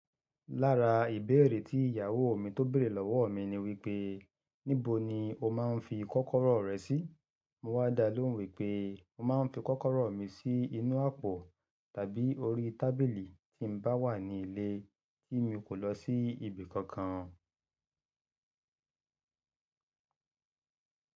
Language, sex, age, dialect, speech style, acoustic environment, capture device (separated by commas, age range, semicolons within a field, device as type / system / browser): Yoruba, male, 35-44, Nigeria - Ibadan, happy; relaxed; excited; focused, nature, mobile / Linux / Chrome